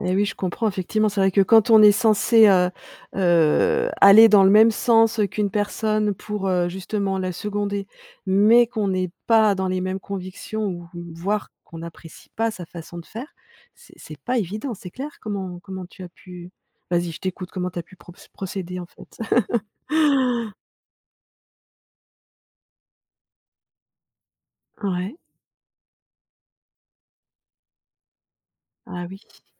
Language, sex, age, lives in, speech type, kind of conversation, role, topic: French, female, 55-59, France, conversation, podcast, host, Peux-tu raconter un moment où tu as dit non pour te protéger ?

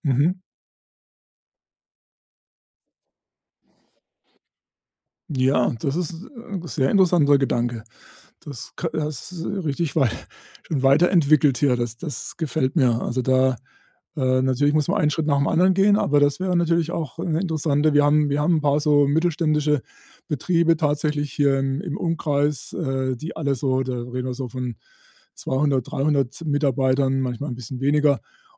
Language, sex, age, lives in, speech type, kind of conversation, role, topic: German, male, 60-64, Germany, conversation, advice, user, Wie kann ich meinen Selbstwert spüren, ohne ihn an meinen beruflichen Erfolg zu knüpfen?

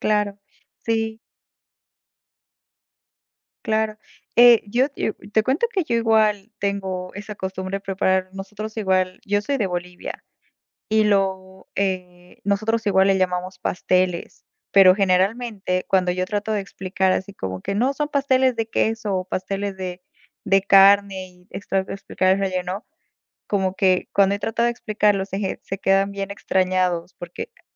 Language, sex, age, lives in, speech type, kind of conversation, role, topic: Spanish, female, 25-29, United States, conversation, unstructured, none, ¿Tienes algún recuerdo especial relacionado con alguna comida?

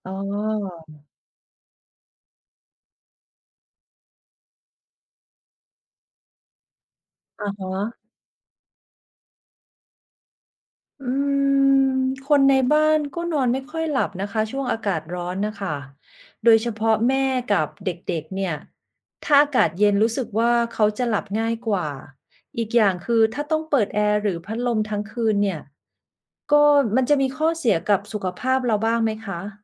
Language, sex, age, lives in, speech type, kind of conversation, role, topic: Thai, female, 45-49, Thailand, read, expert-assistant, asker, มีวิธีไหนช่วยให้นอนหลับง่ายขึ้นไหม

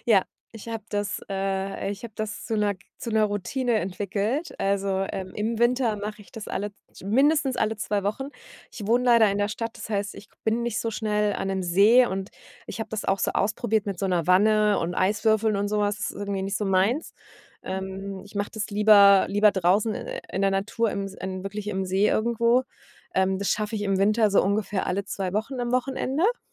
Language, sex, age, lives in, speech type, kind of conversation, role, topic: German, female, 40-44, Germany, conversation, podcast, guest, Gab es einen Moment, der deinen Mut nachhaltig geprägt hat?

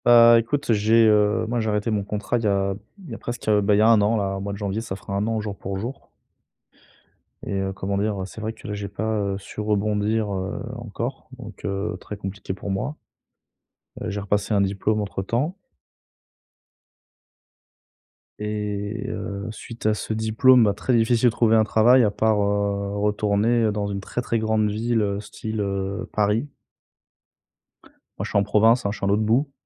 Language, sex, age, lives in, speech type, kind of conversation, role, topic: French, male, 30-34, France, conversation, advice, user, Comment savoir si ma carrière est en train de stagner ?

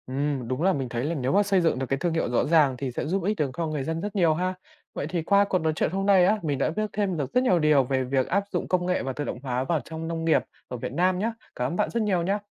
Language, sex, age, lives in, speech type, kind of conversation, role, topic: Vietnamese, male, 20-24, Vietnam, read, expert-assistant, asker, Điều gì sẽ xảy ra nếu tất cả các trang trại ở Việt Nam đều áp dụng công nghệ tự động hóa hoàn toàn trong 10 năm tới?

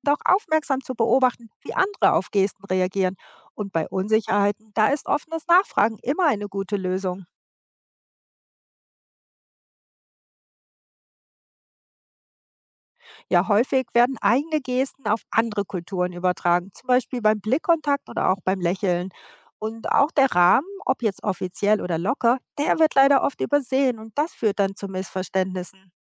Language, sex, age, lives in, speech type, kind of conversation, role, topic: German, female, 55-59, United States, read, expert-assistant, expert, Warum spielt Händeschütteln oder Umarmung in manchen Kulturen eine große Rolle, und welche körperlichen Reaktionen löst das aus?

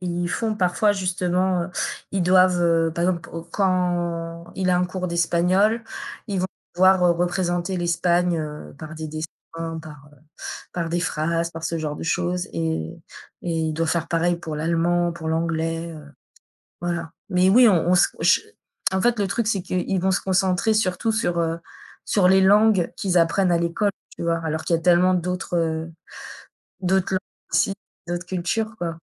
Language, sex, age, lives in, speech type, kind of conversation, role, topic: French, female, 35-39, France, conversation, unstructured, none, Comment la diversité culturelle enrichit-elle une société ?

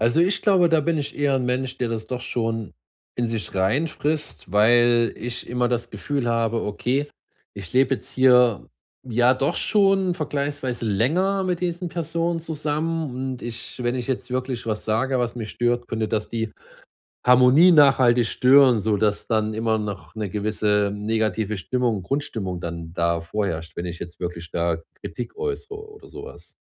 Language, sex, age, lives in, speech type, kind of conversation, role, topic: German, male, 30-34, Germany, conversation, advice, user, Wie kann ich in gemeinsam genutzten Räumen Grenzen setzen?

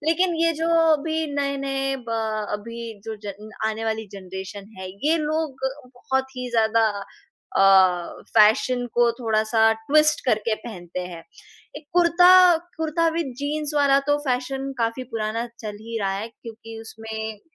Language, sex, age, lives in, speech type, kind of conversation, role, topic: Hindi, female, 20-24, India, conversation, podcast, host, Kya tum apne kapdon ke zariye apni sanskriti ko manate ho?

